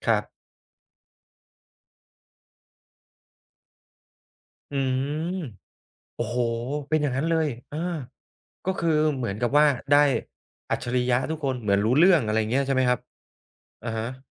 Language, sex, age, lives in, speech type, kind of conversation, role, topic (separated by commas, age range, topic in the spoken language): Thai, male, 35-39, Thailand, conversation, podcast, host, หนังเรื่องโปรดของคุณคือเรื่องไหน?